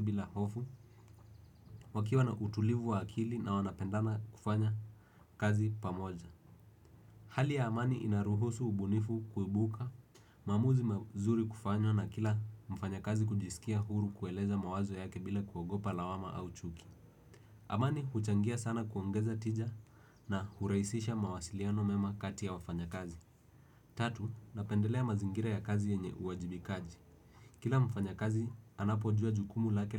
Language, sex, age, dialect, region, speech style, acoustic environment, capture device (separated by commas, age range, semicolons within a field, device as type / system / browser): Swahili, male, 18-24, Kenya - Nairobi Sheng-influenced, Kenya, relaxed; focused, silence, desktop / Windows / Edge